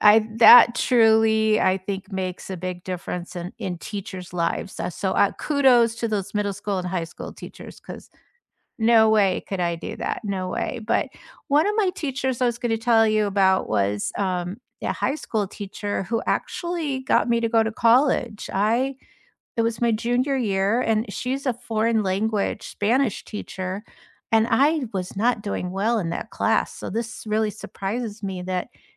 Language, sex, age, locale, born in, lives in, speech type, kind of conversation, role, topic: English, female, 65-69, en-US, United States, United States, conversation, unstructured, none, Which teacher changed your life, and why?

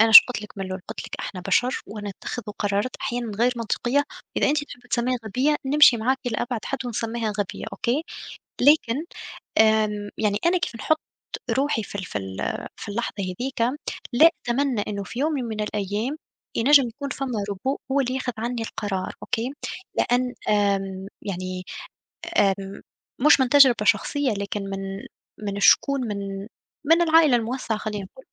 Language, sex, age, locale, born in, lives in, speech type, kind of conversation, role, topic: Arabic, female, 25-29, ar-TN, Tunisia, Germany, conversation, unstructured, none, هل إنت شايف إن الابتكار بيسرّع فقدان الوظايف التقليدية؟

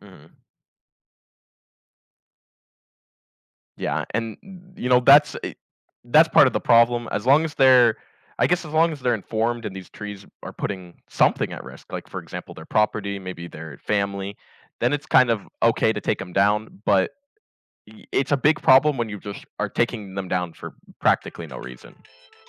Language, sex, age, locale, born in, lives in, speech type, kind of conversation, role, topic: English, male, 20-24, en-US, United States, United States, conversation, podcast, guest, Why are trees essential for a healthy environment?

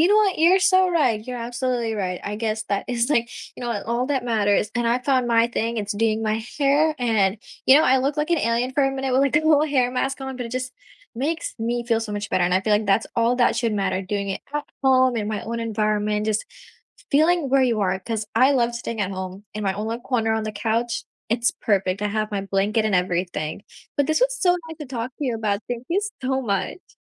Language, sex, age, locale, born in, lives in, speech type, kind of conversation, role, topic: English, female, 18-19, en-US, India, United States, conversation, unstructured, none, When the weekend arrives, do you recharge with cozy at-home rituals, or do you go exploring together?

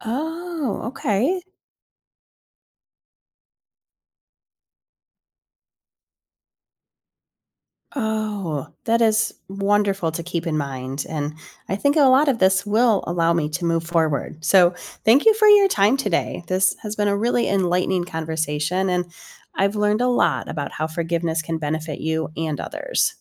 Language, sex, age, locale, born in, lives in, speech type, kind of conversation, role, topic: English, female, 45-49, en-US, United States, United States, read, expert-assistant, asker, How does practicing forgiveness benefit you and others?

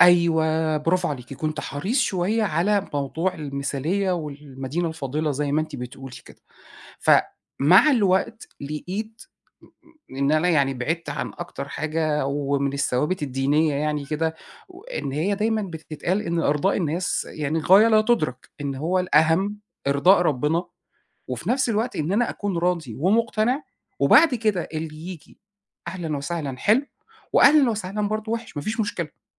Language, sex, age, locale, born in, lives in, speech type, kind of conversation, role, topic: Arabic, male, 40-44, ar-EG, Egypt, Egypt, conversation, podcast, guest, إزاي تميّز بين نصيحة مفيدة ونصيحة ضارة؟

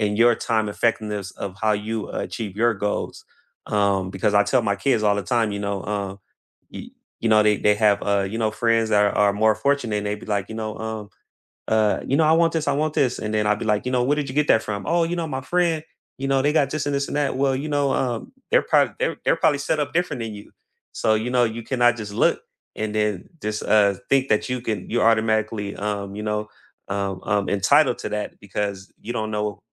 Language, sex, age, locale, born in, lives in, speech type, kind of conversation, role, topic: English, male, 35-39, en-US, United States, United States, conversation, unstructured, none, What do you hope to achieve in the next five years?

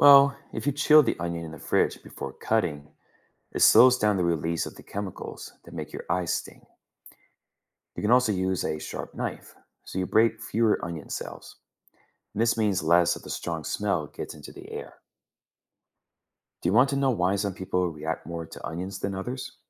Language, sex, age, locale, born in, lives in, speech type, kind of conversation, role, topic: English, male, 30-34, en-US, United States, United States, read, expert-assistant, expert, Why do onions make our eyes water?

